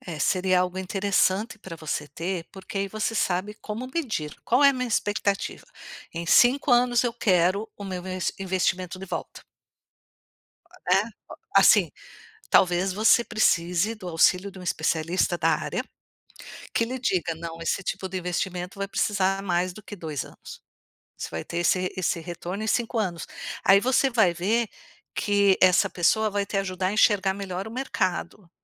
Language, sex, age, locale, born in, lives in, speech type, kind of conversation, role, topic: Portuguese, female, 55-59, pt-BR, Brazil, United States, conversation, advice, advisor, Como lidar com a frustração de um crescimento lento apesar de muito esforço?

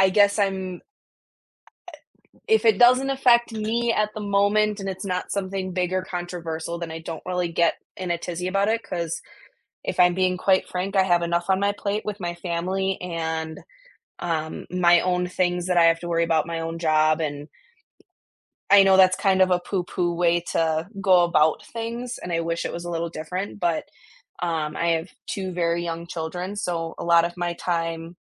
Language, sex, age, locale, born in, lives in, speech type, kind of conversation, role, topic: English, female, 25-29, en-US, United States, United States, conversation, unstructured, none, How do you keep up with what’s happening in your local government, and how does it affect your everyday community life?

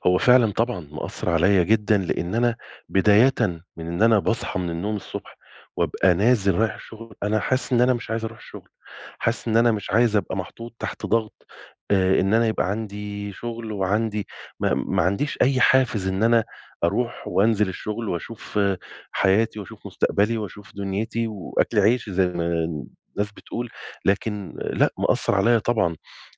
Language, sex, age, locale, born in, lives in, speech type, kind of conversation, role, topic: Arabic, male, 40-44, ar-EG, Egypt, Egypt, conversation, advice, user, إزاي أتعامل مع الملل وفقدان الحافز في حياتي؟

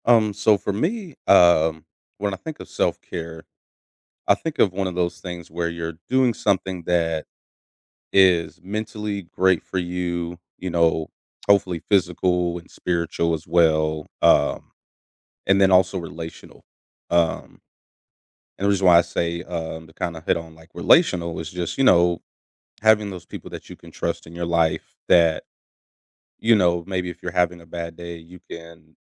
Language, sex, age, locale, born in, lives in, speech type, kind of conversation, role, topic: English, male, 30-34, en-US, United States, United States, conversation, podcast, guest, How do you make self-care a meaningful part of your everyday life?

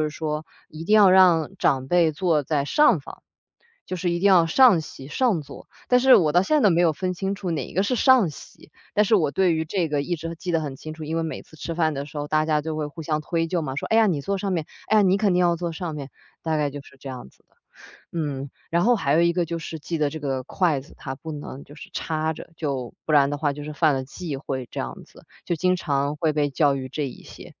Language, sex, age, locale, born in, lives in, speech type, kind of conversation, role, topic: Chinese, female, 25-29, zh-CN, China, Germany, conversation, podcast, host, 吃饭时有哪些需要避开的忌讳？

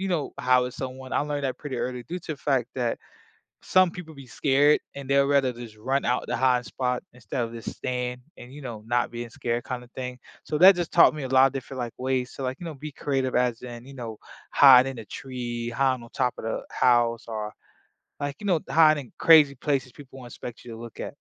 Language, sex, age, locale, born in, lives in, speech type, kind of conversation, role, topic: English, male, 25-29, en-US, United States, United States, conversation, unstructured, none, How do childhood games influence the way we think and solve problems as adults?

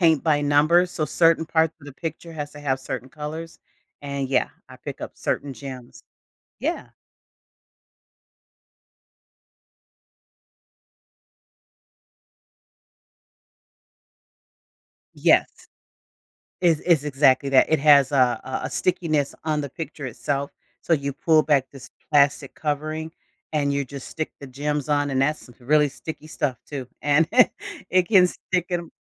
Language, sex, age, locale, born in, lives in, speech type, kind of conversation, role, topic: English, female, 55-59, en-US, United States, United States, conversation, podcast, guest, What helps you make room for your hobbies when life gets busy?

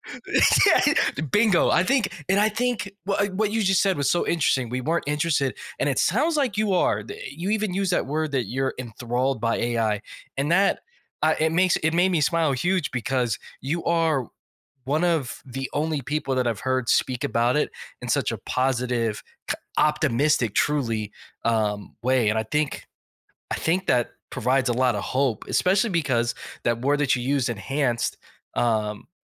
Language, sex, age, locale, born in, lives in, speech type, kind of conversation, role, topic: English, male, 35-39, en-US, United States, United States, conversation, podcast, host, What inspires you to stay passionate about your work?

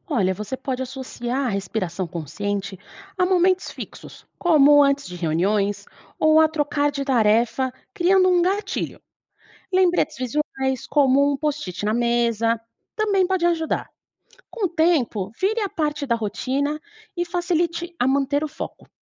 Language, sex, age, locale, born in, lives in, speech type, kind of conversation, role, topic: Portuguese, female, 30-34, pt-BR, Brazil, Portugal, read, expert-assistant, expert, Tem dicas para criar uma rotina que respeite o ritmo natural do corpo?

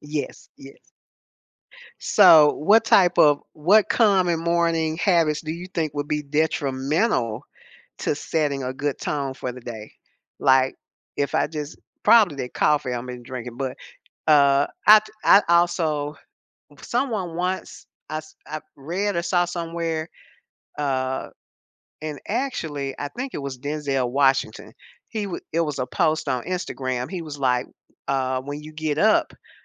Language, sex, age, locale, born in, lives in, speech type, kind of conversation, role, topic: English, female, 70-74, en-US, United States, United States, conversation, podcast, host, How do your morning habits impact your day and overall well-being?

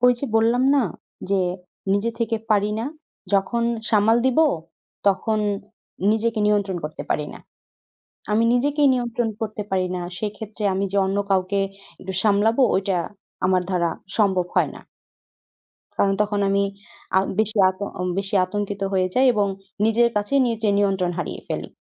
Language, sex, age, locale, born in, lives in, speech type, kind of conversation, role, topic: Bengali, female, 20-24, bn-BD, Bangladesh, Bangladesh, conversation, advice, user, অনিশ্চয়তার মধ্যে আমি কীভাবে শান্ত ও স্থির থাকতে পারি?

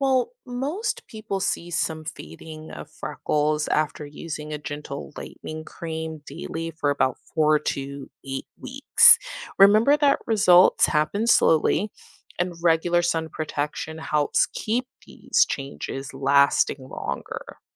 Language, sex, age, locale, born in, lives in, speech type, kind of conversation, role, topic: English, female, 25-29, en-US, United States, United States, read, expert-assistant, expert, What causes freckles on the skin?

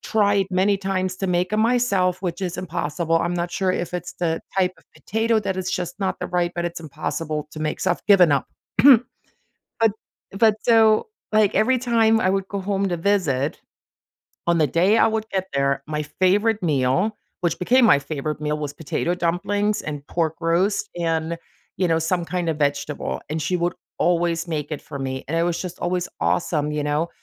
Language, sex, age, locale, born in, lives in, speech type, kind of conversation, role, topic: English, female, 45-49, en-DE, Germany, United States, conversation, podcast, guest, What turns an ordinary meal into a memorable experience for you?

